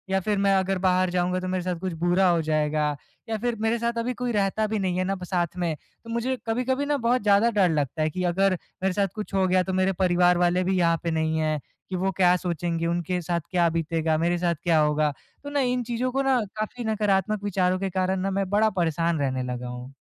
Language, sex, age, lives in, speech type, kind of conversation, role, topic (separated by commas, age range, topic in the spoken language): Hindi, male, 20-24, India, conversation, advice, user, मैं नई जगह पर सुरक्षित और स्थिर महसूस करने के लिए अपनी दिनचर्या कैसे बनाऊँ?